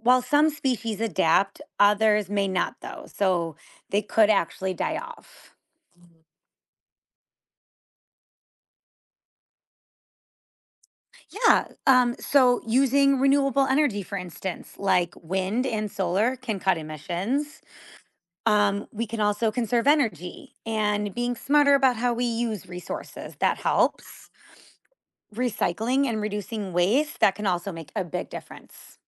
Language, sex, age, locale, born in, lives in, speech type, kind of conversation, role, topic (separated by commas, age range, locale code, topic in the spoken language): English, female, 35-39, en-US, United States, United States, read, expert-assistant, expert, What causes climate change?